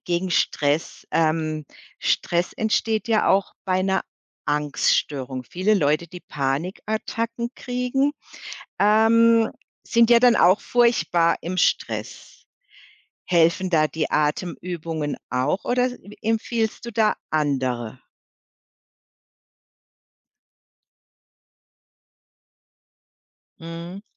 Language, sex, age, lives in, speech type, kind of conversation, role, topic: German, female, 55-59, Germany, conversation, podcast, host, Welche einfachen Atemübungen nutzt du, um Stress zu reduzieren?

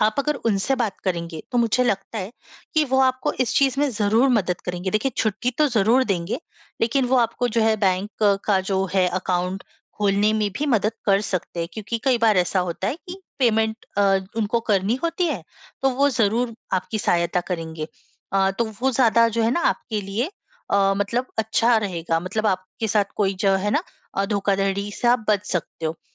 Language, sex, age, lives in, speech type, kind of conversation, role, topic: Hindi, female, 25-29, India, conversation, advice, advisor, मैं विदेश में कर, बैंक और वित्तीय व्यवस्थाओं को कैसे समझूँ?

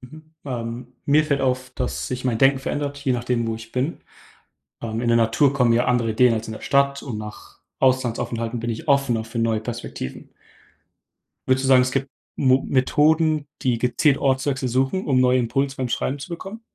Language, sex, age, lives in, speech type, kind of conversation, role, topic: German, male, 18-19, Germany, read, expert-assistant, asker, Gibt es bekannte Autorinnen oder Autoren, deren Schreibprozesse besonders inspirierend sind und von denen man lernen kann?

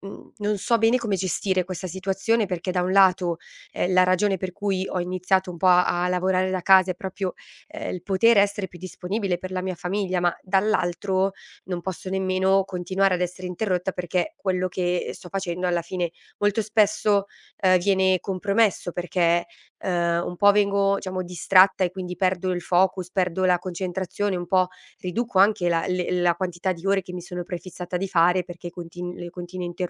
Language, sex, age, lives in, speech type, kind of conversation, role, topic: Italian, female, 30-34, Italy, conversation, advice, user, Come posso imparare a dire di no e restare concentrato sui miei obiettivi?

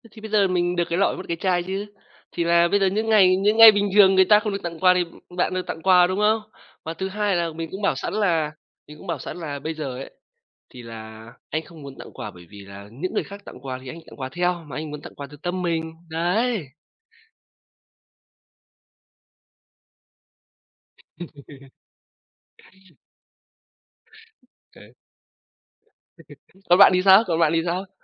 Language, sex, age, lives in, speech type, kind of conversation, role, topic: Vietnamese, male, 25-29, Vietnam, conversation, unstructured, none, Làm sao để duy trì sự lãng mạn trong một mối quan hệ lâu dài?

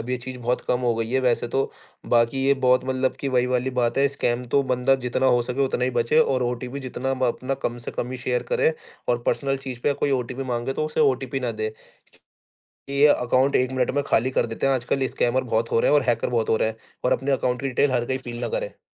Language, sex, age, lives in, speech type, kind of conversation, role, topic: Hindi, male, 20-24, India, conversation, podcast, guest, Online banking aur UPI ne tumhara paisa sambhalne ka tareeka kaise badla?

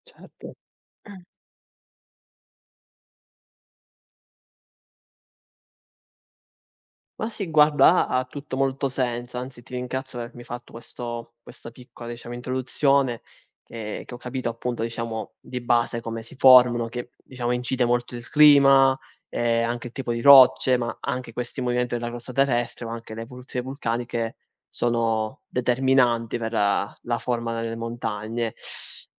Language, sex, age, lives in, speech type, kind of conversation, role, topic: Italian, male, 20-24, Italy, read, expert-assistant, asker, Perché le montagne hanno forme diverse?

